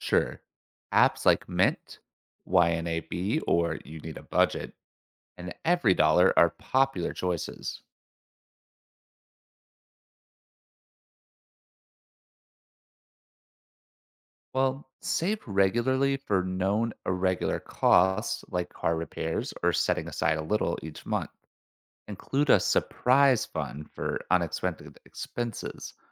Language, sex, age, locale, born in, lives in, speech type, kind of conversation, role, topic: English, male, 25-29, en-US, United States, United States, read, expert-assistant, expert, How can I create a budget that works for my lifestyle?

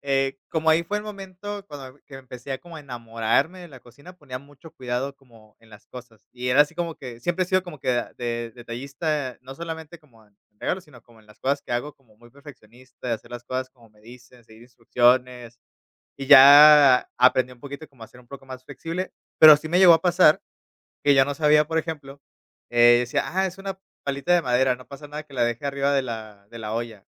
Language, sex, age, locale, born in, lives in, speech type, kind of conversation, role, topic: Spanish, male, 30-34, es-MX, Mexico, Mexico, conversation, podcast, guest, ¿Cómo empezaste a aprender a cocinar cosas nuevas?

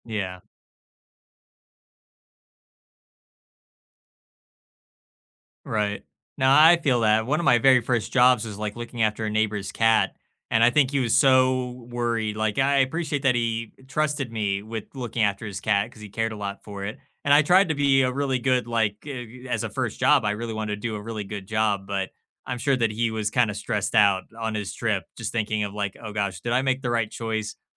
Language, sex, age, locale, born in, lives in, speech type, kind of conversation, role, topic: English, male, 25-29, en-US, United States, United States, conversation, unstructured, none, Which adorable pet quirks never fail to make you smile, and what makes them special?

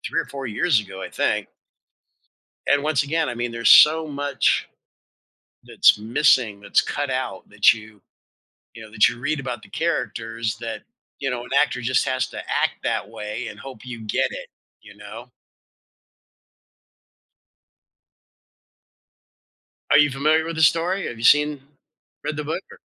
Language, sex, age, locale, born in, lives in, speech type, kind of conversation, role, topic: English, male, 60-64, en-US, United States, United States, conversation, unstructured, none, Which do you prefer, reading a book or watching a movie, and why?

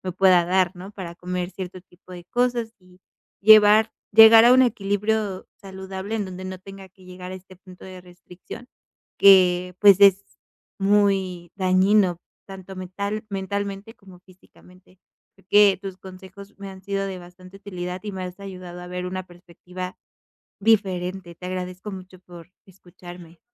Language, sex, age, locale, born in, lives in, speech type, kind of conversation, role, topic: Spanish, female, 25-29, es-MX, Mexico, Mexico, conversation, advice, user, ¿Por qué me cuesta mantener una alimentación más saludable a largo plazo?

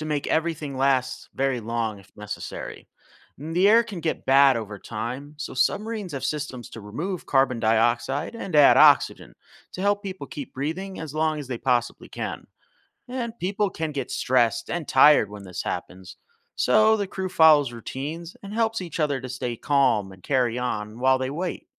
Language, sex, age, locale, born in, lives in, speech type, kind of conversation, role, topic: English, male, 35-39, en-US, United States, United States, read, expert-assistant, expert, How do submarines operate safely underwater and handle emergencies?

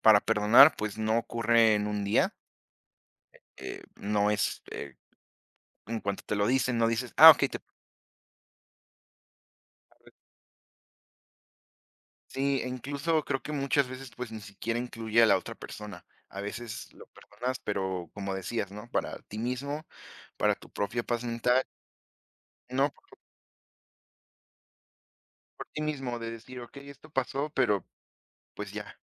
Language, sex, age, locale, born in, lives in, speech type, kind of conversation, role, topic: Spanish, female, 20-24, es-MX, Mexico, Mexico, conversation, unstructured, none, ¿Es correcto perdonar una infidelidad?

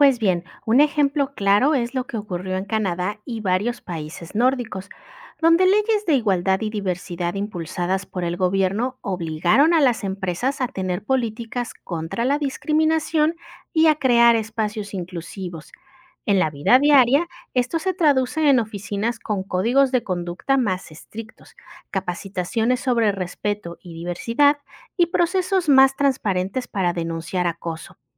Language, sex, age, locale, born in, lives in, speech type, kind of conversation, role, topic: Spanish, female, 35-39, es-MX, Mexico, Mexico, read, expert-assistant, expert, ¿Cómo influye el gobierno en las condiciones laborales y el ambiente de trabajo?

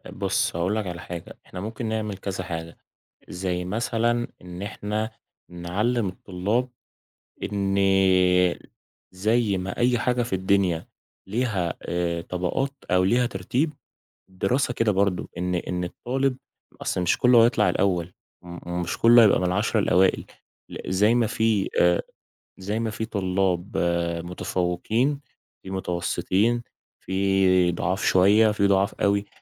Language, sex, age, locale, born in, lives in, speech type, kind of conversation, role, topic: Arabic, male, 20-24, ar-EG, Egypt, Egypt, conversation, podcast, guest, إزاي نعلّم الطلبة ما يخافوش من الفشل؟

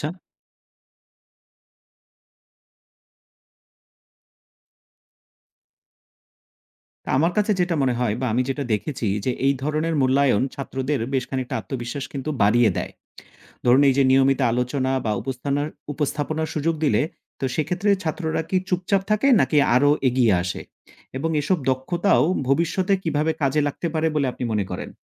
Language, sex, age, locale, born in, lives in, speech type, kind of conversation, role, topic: Bengali, male, 35-39, bn-BD, Bangladesh, Finland, read, expert-assistant, asker, একটা স্কুলের পড়াশোনার বিষয়গুলো কীভাবে এমনভাবে সাজানো যায় যাতে ছাত্রছাত্রীরা বাস্তব জীবনের জন্য ভালোভাবে প্রস্তুত হয়?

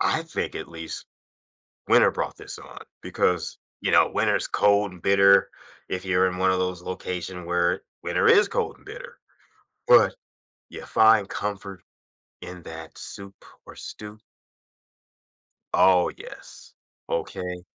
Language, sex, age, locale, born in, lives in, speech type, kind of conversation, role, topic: English, male, 55-59, en-US, United States, United States, conversation, podcast, guest, How do food, memories, and atmosphere come together to create a sense of comfort in a meal?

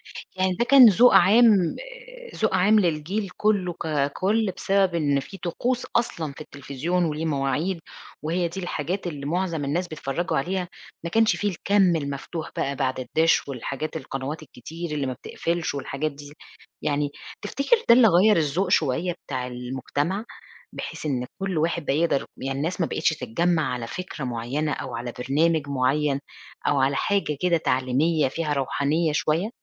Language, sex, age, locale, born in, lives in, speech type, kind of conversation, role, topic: Arabic, female, 40-44, ar-EG, Egypt, Portugal, conversation, podcast, host, إزاي كانت البرامج الدينية في رمضان بتأثر على أجواء البيت زمان؟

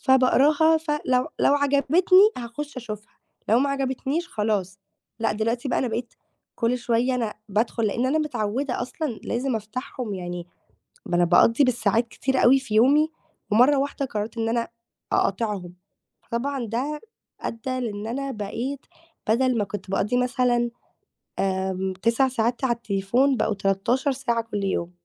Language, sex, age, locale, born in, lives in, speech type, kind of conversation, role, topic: Arabic, female, 18-19, ar-EG, Egypt, Greece, conversation, advice, user, إزاي التشتيت بسبب الموبايل بيمنعك تكوّن عادات مُنتِجة؟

